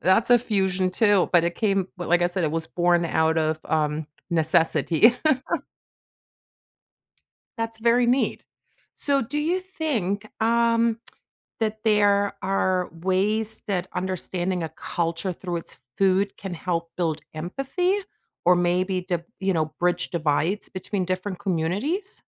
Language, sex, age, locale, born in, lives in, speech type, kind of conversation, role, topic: English, female, 45-49, en-DE, Germany, United States, conversation, podcast, host, In what ways does sharing food help us understand and connect with different cultures?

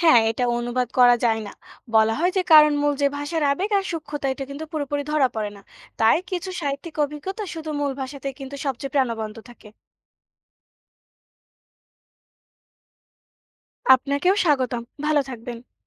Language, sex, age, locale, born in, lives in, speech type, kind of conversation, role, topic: Bengali, female, 20-24, bn-BD, Bangladesh, Bangladesh, read, expert-assistant, expert, কেন অনেক সময় বাংলা কথা ইংরেজিতে ঠিকভাবে প্রকাশ করা কঠিন হয়?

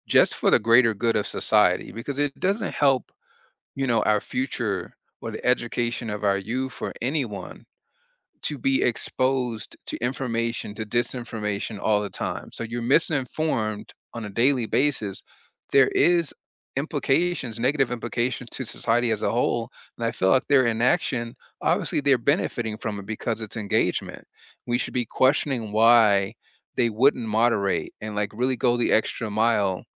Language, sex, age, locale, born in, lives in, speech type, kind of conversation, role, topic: English, male, 40-44, en-US, United States, United States, conversation, unstructured, none, What do you think about how social media platforms handle fake news?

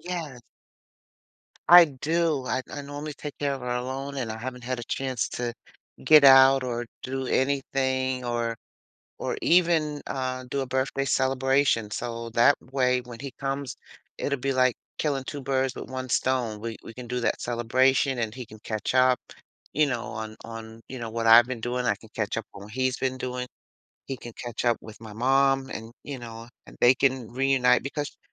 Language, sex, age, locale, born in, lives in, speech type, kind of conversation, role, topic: English, female, 60-64, en-US, United States, United States, conversation, advice, user, How can I rebuild a friendship after years apart?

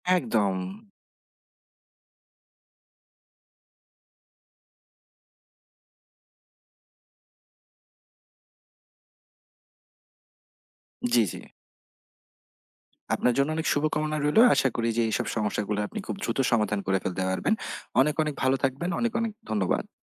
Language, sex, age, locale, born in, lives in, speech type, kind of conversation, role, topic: Bengali, male, 30-34, bn-BD, Bangladesh, Bangladesh, conversation, advice, advisor, কর্মক্ষেত্রে অতিরিক্ত কাজ নিতে না চাইলে আপনি কীভাবে ভদ্রভাবে ‘না’ বলবেন?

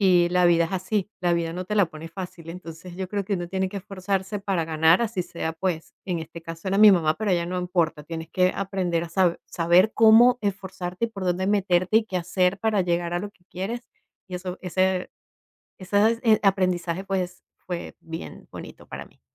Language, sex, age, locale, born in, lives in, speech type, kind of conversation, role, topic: Spanish, female, 45-49, es-VE, Venezuela, United States, conversation, podcast, guest, ¿Cuál era tu juego favorito de niño y por qué te enganchaba tanto?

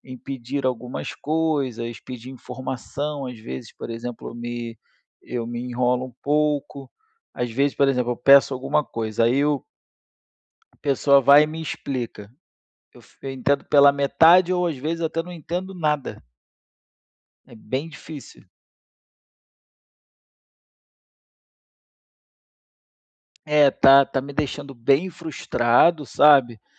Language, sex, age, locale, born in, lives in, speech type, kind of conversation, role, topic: Portuguese, male, 35-39, pt-BR, Brazil, Spain, conversation, advice, user, Como posso comunicar minhas necessidades em situações cotidianas?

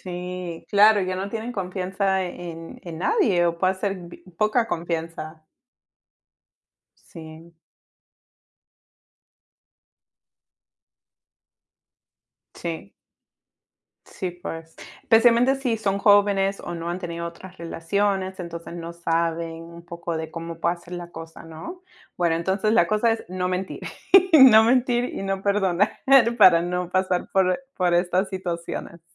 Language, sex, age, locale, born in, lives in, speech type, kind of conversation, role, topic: Spanish, female, 30-34, es-PE, United States, United States, conversation, unstructured, none, ¿Se puede perdonar una mentira grande en una relación?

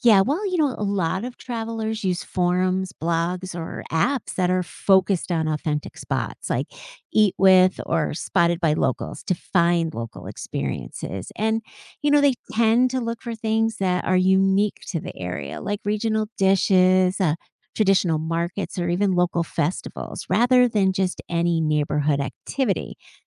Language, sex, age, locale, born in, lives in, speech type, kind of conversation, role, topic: English, female, 55-59, en-US, United States, United States, read, expert-assistant, expert, What is the difference between traveling like a local and being a tourist?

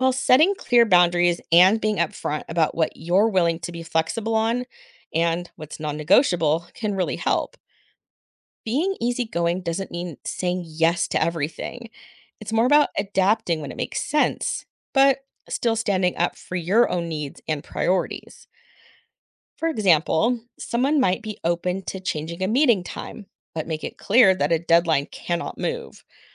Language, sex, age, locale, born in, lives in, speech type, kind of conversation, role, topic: English, female, 40-44, en-US, United States, United States, read, expert-assistant, expert, What might friends learn by swapping lives for a week?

